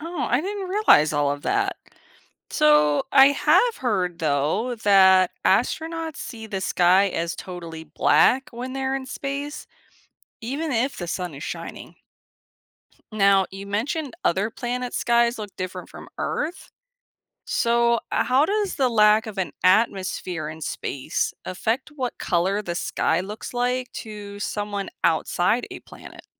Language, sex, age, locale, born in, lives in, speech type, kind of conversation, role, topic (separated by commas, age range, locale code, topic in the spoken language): English, female, 35-39, en-US, United States, United States, read, expert-assistant, asker, What makes the sky appear blue during the day?